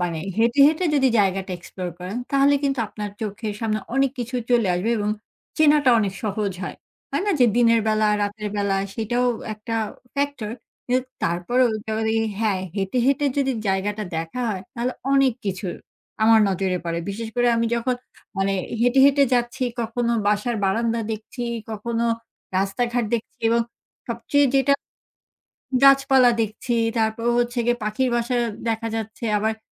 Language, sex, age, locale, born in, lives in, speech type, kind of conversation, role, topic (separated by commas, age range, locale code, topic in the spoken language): Bengali, female, 40-44, bn-BD, Bangladesh, Finland, conversation, podcast, guest, ধীরে হাঁটলে তুমি কী লক্ষ্য করো?